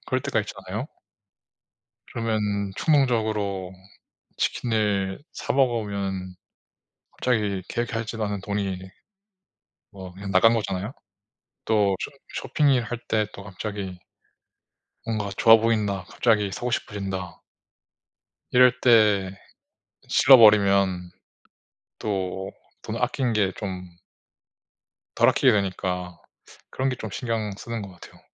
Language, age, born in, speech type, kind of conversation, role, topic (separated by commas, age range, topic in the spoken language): Korean, 30-34, South Korea, conversation, unstructured, none, 돈을 아끼면서도 행복하게 지낼 수 있는 방법은 무엇인가요?